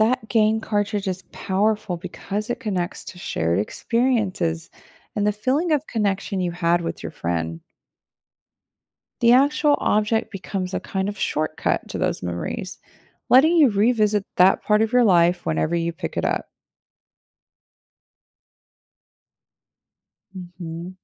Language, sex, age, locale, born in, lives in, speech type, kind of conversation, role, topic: English, female, 35-39, en-US, United States, United States, read, expert-assistant, expert, Why do people collect things like stamps?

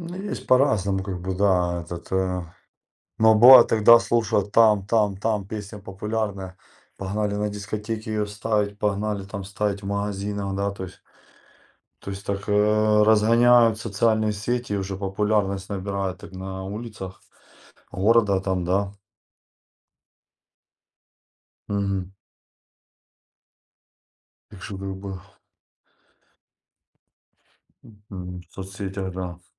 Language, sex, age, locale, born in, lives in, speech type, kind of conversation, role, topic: Russian, male, 40-44, ru-RU, Ukraine, Spain, conversation, unstructured, none, Какую музыку вы предпочитаете слушать в социальных сетях?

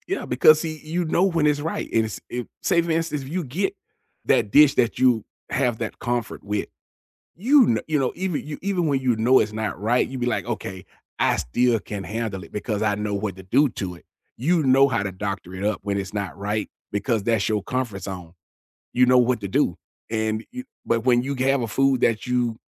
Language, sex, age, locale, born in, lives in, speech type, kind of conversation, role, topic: English, male, 50-54, en-US, United States, United States, conversation, unstructured, none, What makes a meal feel comforting to you?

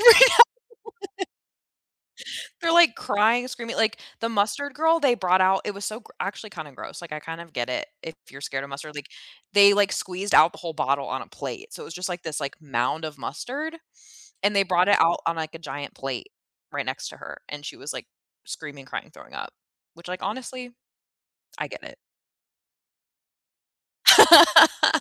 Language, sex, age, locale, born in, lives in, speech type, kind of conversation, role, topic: English, female, 25-29, en-US, United States, United States, conversation, unstructured, none, What reality TV moments can’t you stop talking about, and why?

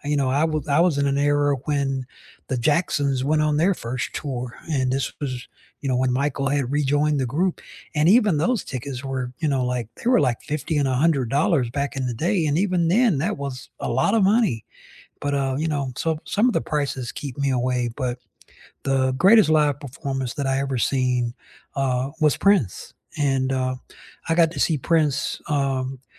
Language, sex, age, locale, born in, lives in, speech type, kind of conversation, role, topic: English, male, 60-64, en-US, United States, United States, conversation, unstructured, none, What is the best live performance you’ve seen, either recently or of all time?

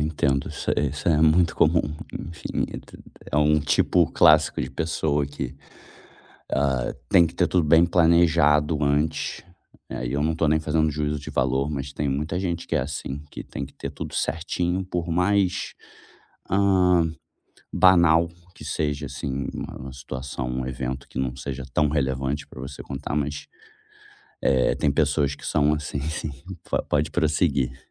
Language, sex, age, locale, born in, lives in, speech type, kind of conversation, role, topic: Portuguese, male, 35-39, pt-BR, Brazil, Germany, conversation, advice, advisor, Como pedir desculpas sinceras depois de magoar alguém?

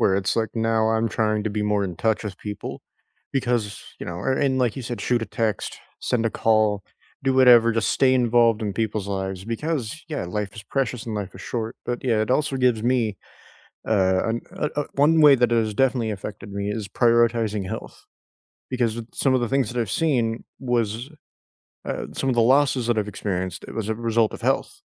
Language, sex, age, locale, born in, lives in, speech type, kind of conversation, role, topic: English, male, 20-24, en-US, United States, United States, conversation, unstructured, none, In what ways has loss reshaped your daily priorities, routines, and the moments you value most?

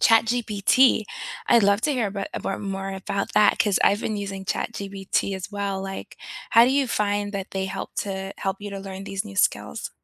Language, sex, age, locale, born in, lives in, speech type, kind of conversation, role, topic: English, female, 35-39, en-US, United States, United States, conversation, unstructured, none, How do you prefer to learn new skills these days?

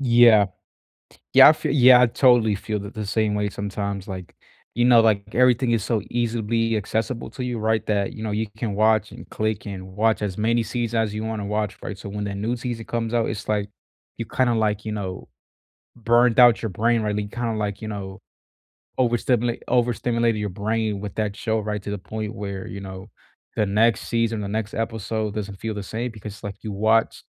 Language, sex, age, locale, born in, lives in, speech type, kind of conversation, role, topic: English, male, 20-24, en-US, United States, United States, conversation, unstructured, none, Which release schedule keeps people talking longer: binge drops or weekly episodes?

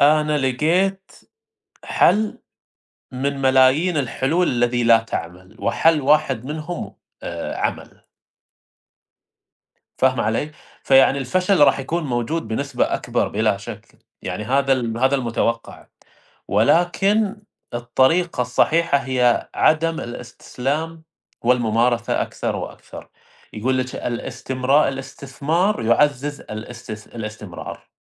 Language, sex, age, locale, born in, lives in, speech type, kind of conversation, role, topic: Arabic, male, 30-34, ar-SA, Saudi Arabia, United States, conversation, unstructured, none, إزاي التخطيط الكويس ممكن يخلي يومك أكتر إنتاجية؟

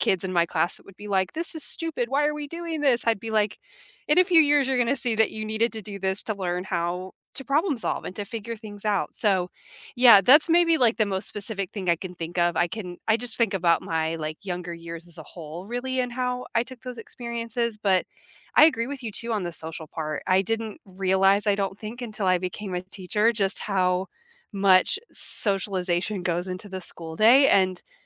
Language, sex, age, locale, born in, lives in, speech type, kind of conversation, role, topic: English, female, 30-34, en-US, United States, United States, conversation, unstructured, none, What is the most genuinely useful lesson you learned in school, and how has it shaped your life?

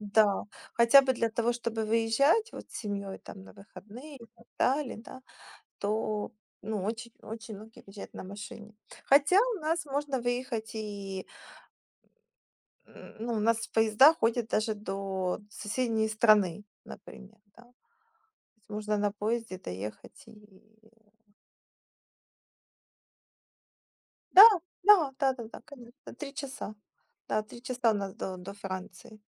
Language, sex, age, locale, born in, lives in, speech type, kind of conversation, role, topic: Russian, female, 35-39, ru-UA, Ukraine, Spain, conversation, unstructured, none, Как вы относитесь к использованию автомобиля по сравнению с общественным транспортом?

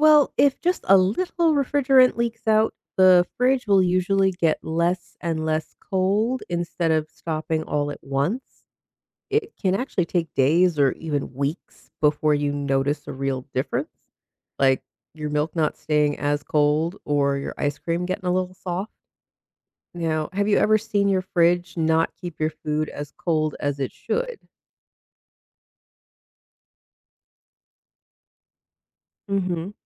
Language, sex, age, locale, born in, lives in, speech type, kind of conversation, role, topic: English, female, 35-39, en-US, United States, United States, read, expert-assistant, expert, How does a refrigerator keep food cold?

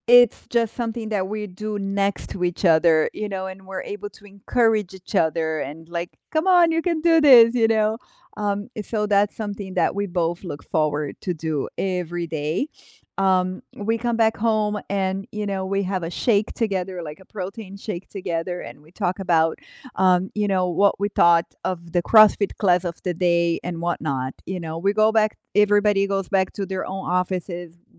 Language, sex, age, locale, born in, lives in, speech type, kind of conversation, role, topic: English, female, 45-49, en-BR, Brazil, United States, conversation, unstructured, none, What everyday rituals help you feel close to loved ones?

